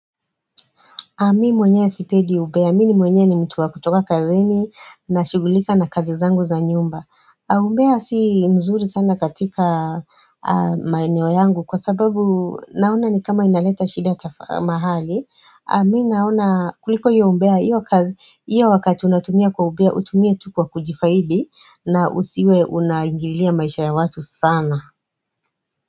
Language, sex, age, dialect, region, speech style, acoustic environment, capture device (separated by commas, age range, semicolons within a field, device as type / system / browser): Swahili, female, 35-44, Kenya - Nairobi Sheng-influenced, Kenya, tired, silence, mobile / Android / Chrome